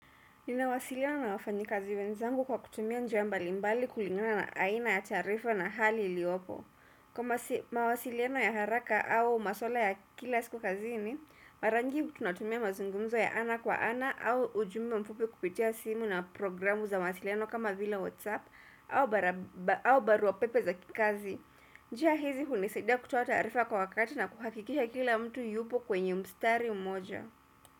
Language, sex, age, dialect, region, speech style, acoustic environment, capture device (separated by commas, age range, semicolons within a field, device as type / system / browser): Swahili, female, 25-34, Kenya - Nairobi Sheng-influenced, Kenya, relaxed, silence, desktop / Windows / Chrome